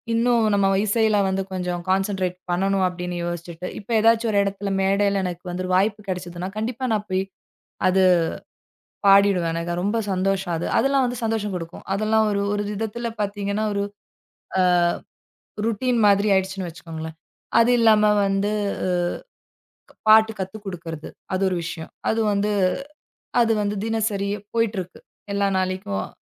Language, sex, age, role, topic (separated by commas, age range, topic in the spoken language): Tamil, female, 35-39, guest, நீங்கள் தினமும் படைப்புப் பணிக்காக எந்த தினசரி நடைமுறையைப் பின்பற்றுகிறீர்கள்?